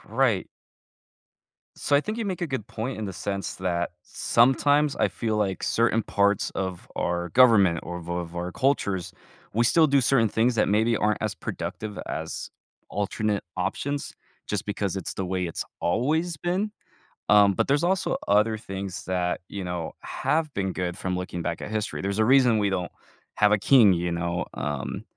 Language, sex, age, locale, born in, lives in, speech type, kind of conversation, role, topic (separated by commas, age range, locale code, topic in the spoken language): English, male, 20-24, en-US, United States, United States, conversation, podcast, guest, How do the achievements and ideas of ancient civilizations continue to shape our world today?